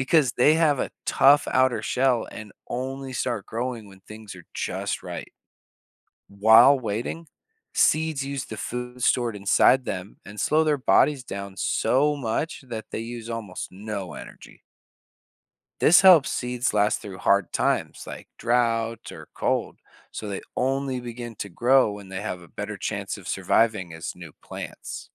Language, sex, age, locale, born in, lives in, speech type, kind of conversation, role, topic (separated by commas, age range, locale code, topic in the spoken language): English, male, 30-34, en-US, United States, United States, read, expert-assistant, expert, How do plants know which way to grow?